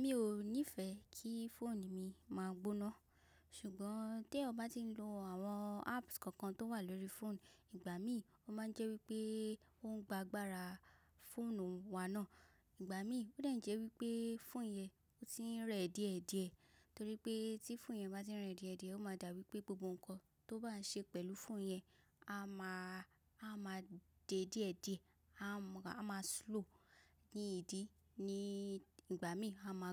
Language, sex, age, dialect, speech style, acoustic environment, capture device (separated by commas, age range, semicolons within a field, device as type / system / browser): Yoruba, female, 25-34, Nigeria - Lagos Yoruba, focused; relaxed, silence, mobile / macOS / Safari